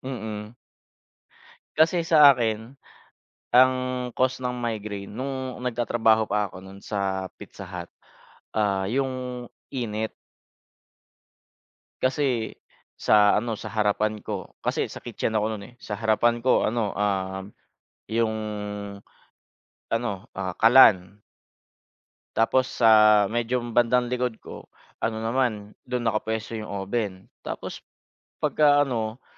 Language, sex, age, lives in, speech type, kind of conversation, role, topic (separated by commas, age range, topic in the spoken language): Filipino, male, 30-34, Philippines, conversation, unstructured, none, Ano ang paborito mong gawin kapag may libreng oras?